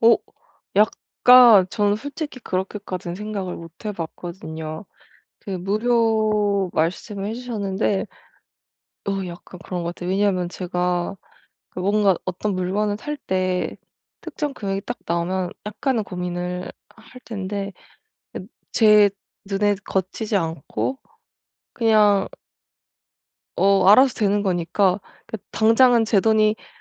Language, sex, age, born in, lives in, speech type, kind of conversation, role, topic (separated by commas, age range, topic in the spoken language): Korean, female, 25-29, South Korea, Germany, conversation, advice, user, 어떻게 하면 불필요한 디지털 구독과 지출을 인식하고 줄일 수 있을까요?